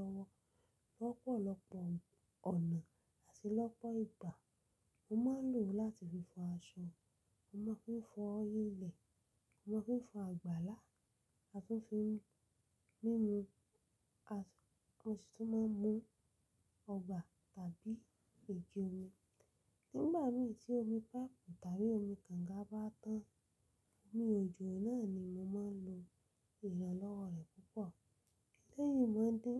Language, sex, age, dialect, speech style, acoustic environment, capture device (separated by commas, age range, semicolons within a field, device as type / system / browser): Yoruba, female, 25-34, Nigeria - Lagos Yoruba, happy; relaxed; excited, silence, mobile / Linux / Chrome